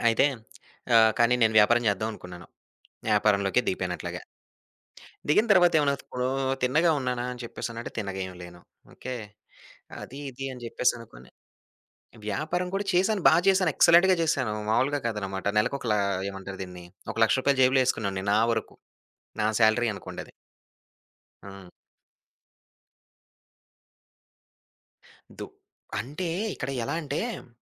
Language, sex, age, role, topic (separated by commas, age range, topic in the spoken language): Telugu, male, 25-29, guest, ఏ తప్పుతో మీరు ఎక్కువగా బాధపడ్డారు, దానివల్ల మీరు నేర్చుకున్న పాఠం ఏమిటి?